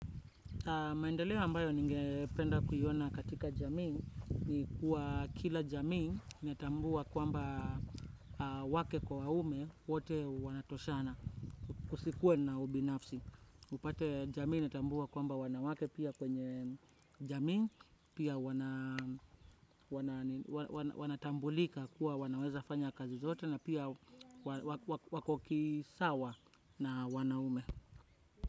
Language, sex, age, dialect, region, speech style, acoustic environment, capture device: Swahili, male, 25-34, Kenya - Nairobi Sheng-influenced, Kenya, relaxed; focused, nature, desktop / Windows / Chrome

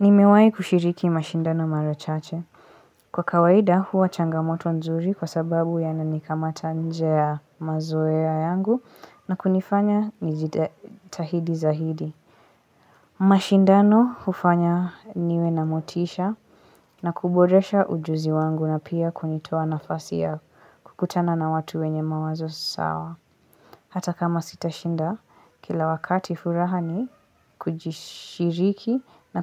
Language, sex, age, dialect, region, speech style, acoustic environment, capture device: Swahili, female, 18-24, Kenya - Mombasa, Kenya, focused, silence, mobile / Linux / Chrome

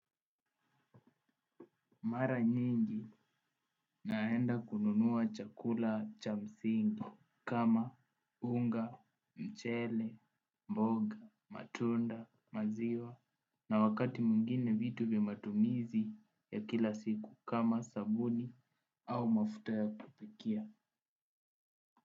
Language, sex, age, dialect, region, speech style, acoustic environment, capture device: Swahili, male, 18-24, Kenya - Nairobi Sheng-influenced, Kenya, focused, appliances, desktop / Windows / Edge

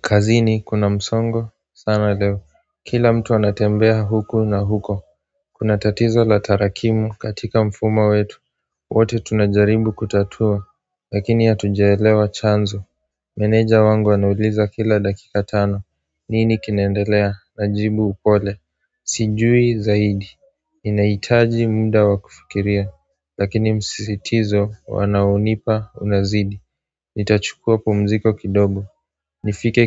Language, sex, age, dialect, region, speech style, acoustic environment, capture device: Swahili, male, 18-24, Kenya - Nairobi Sheng-influenced, Kenya, relaxed, silence, mobile / Android / Chrome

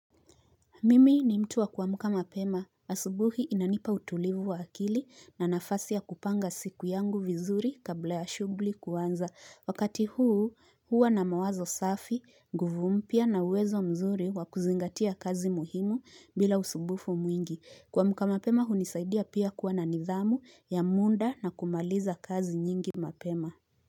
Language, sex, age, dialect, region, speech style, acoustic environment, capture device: Swahili, female, 25-34, Kenya - Nairobi Sheng-influenced, Kenya, relaxed, appliances, mobile / Linux / Chrome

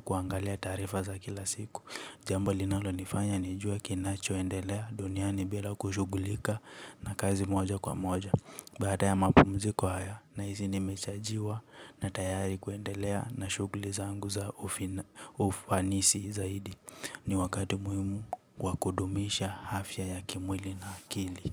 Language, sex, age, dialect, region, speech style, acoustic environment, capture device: Swahili, male, 18-24, Kenya - Nairobi Sheng-influenced, Kenya, relaxed, nature, mobile / Linux / Chrome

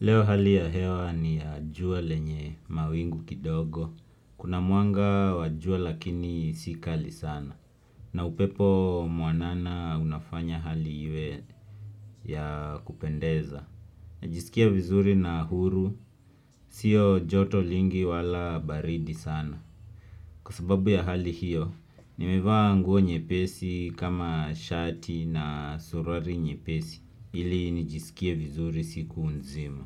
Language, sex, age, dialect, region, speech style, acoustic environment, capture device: Swahili, male, 25-34, Kenya - Nairobi Sheng-influenced, Kenya, happy, silence, desktop / Windows / Chrome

